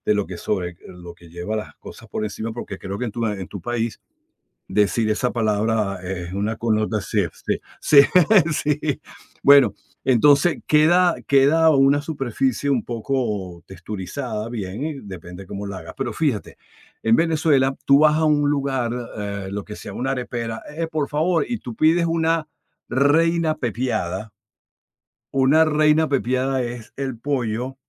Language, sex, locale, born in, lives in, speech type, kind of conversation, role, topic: Spanish, male, es-VE, Venezuela, United States, conversation, unstructured, none, ¿Puedes contarme una anécdota divertida de alguna celebración cultural?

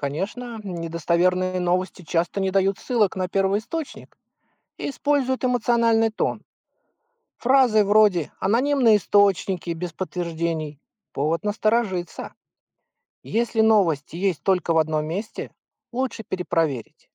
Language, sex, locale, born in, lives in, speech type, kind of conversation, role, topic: Russian, male, ru-RU, Russia, United States, read, expert-assistant, expert, Как мамам проверять достоверность информации и новостей в чатах?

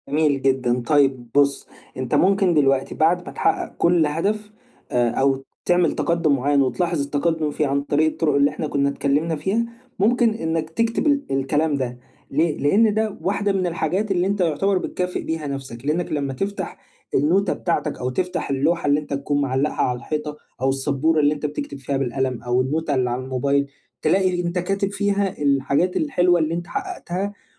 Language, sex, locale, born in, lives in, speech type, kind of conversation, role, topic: Arabic, male, ar-EG, Egypt, Egypt, conversation, advice, advisor, إزاي ألاحظ وأحتفل بالتقدّم الصغير في حياتي اليومية؟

